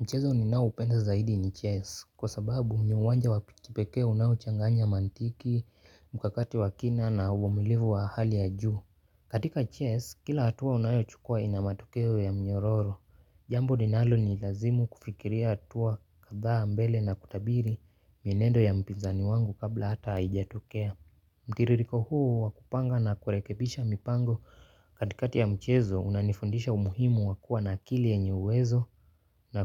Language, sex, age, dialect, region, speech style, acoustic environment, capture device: Swahili, male, 18-24, Kenya - Nairobi Sheng-influenced, Kenya, happy; focused; relaxed, nature; silence, mobile / Linux / Chrome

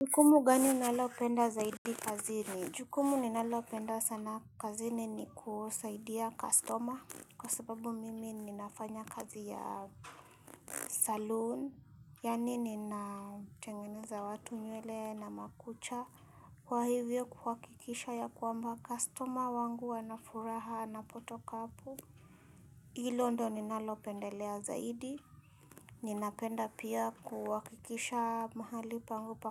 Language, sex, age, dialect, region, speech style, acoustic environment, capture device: Swahili, female, 25-34, Kenya - Nairobi Sheng-influenced, Kenya, happy; nervous, silence, mobile / Linux / Chrome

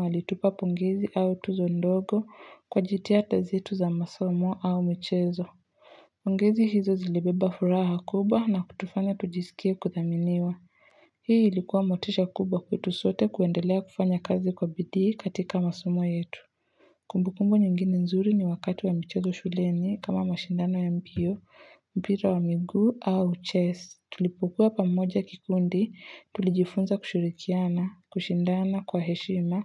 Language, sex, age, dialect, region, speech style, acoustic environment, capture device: Swahili, female, 18-24, Kenya - Nairobi Sheng-influenced, Kenya, relaxed, nature, mobile / Linux / Chrome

